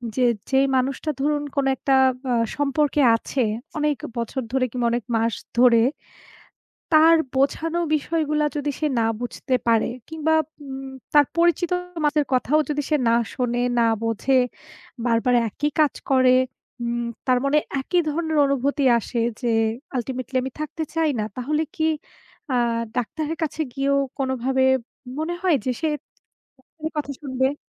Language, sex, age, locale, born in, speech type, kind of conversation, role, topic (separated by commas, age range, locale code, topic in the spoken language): Bengali, female, 35-39, bn-BD, Bangladesh, conversation, unstructured, none, প্রেমে রাগ বা অবিশ্বাসের কারণে সম্পর্ক ভেঙে গেলে আপনি কেমন অনুভব করেন?